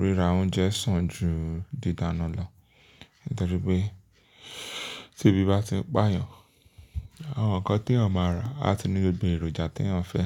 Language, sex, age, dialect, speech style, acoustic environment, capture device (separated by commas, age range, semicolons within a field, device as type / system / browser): Yoruba, male, 25-34, Nigeria - Lagos Yoruba, relaxed, silence, mobile / Linux / Chrome